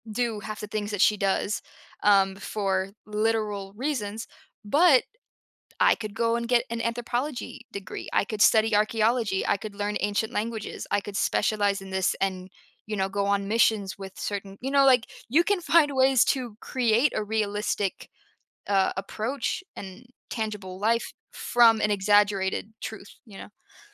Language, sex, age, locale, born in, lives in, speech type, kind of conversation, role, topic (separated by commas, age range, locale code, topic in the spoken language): English, female, 25-29, en-US, United States, United States, conversation, podcast, guest, Why do some movies leave a lasting impression on us?